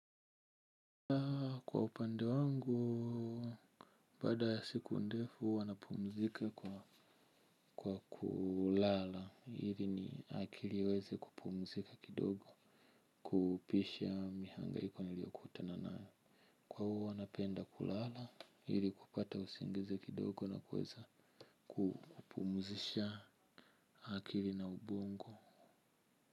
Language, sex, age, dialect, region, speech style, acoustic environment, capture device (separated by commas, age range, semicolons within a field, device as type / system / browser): Swahili, male, 25-34, Tanzania - Dar es Salaam, Tanzania, happy, silence, mobile / Linux / Chrome